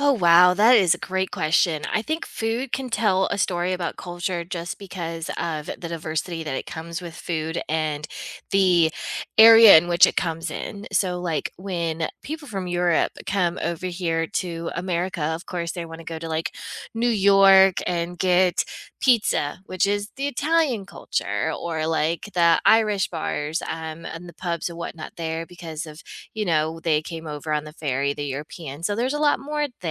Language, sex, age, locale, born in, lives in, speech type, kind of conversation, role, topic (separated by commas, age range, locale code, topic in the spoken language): English, female, 40-44, en-US, United States, United States, conversation, unstructured, none, How can food tell a story about a culture?